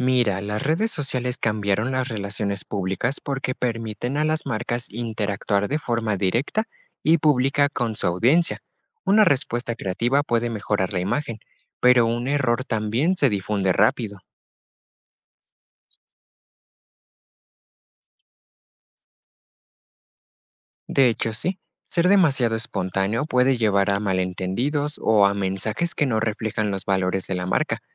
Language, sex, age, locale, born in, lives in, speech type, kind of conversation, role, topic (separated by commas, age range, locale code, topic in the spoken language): Spanish, male, 18-19, es-MX, Mexico, Mexico, read, expert-assistant, expert, ¿Por qué las redes sociales han cambiado la forma en que se hace relaciones públicas?